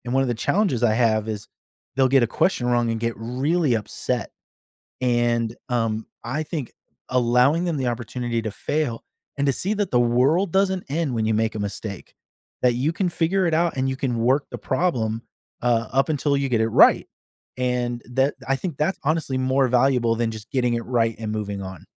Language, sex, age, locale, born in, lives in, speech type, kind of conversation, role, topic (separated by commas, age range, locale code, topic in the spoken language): English, male, 40-44, en-US, United States, United States, conversation, podcast, guest, Why is experiencing failure important for learning and personal growth in school?